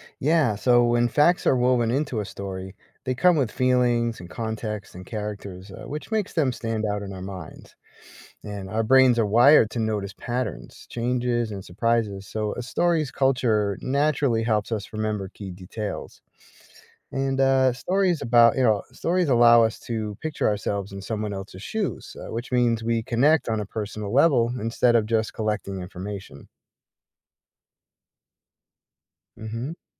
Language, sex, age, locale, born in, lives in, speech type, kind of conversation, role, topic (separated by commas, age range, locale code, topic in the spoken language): English, male, 45-49, en-US, United States, United States, read, expert-assistant, expert, Why do people enjoy telling and listening to stories?